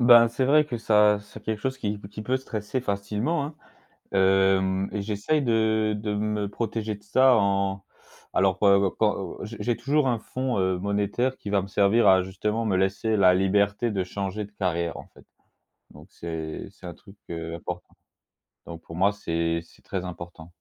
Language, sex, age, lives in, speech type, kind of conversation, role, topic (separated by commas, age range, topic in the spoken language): French, male, 25-29, France, conversation, podcast, guest, Comment gères-tu l’angoisse financière liée à une transition ?